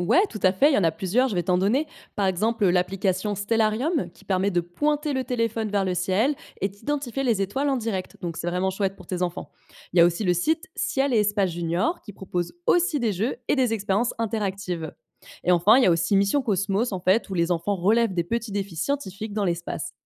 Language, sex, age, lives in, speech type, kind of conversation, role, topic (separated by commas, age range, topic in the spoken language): French, female, 25-29, France, read, expert-assistant, expert, Quels musées, documentaires ou podcasts en français permettent de mieux comprendre comment fonctionnent les étoiles ?